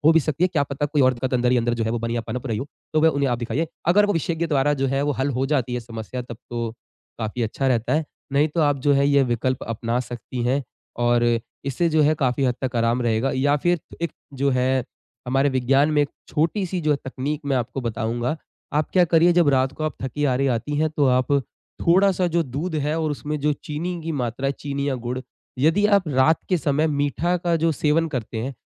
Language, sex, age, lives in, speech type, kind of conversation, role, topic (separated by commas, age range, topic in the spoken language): Hindi, male, 20-24, India, conversation, advice, advisor, साथी के खर्राटों की वजह से आपकी रात की नींद बार-बार क्यों टूटती है?